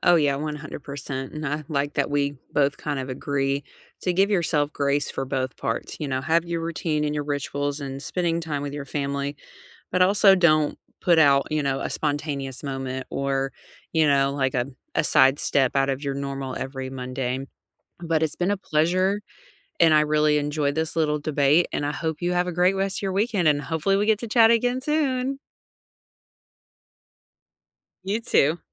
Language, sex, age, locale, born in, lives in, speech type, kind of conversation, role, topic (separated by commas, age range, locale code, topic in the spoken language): English, female, 35-39, en-US, United States, United States, conversation, unstructured, none, What weekend rituals help you recharge?